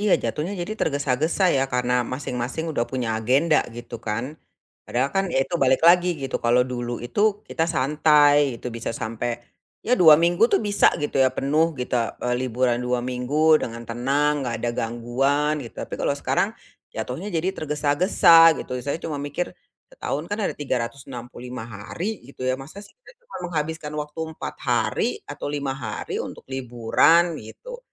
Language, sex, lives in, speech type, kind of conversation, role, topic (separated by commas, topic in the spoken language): Indonesian, female, Indonesia, conversation, advice, user, Bagaimana cara mengatur waktu saat bepergian agar bisa menikmati liburan tanpa tergesa-gesa?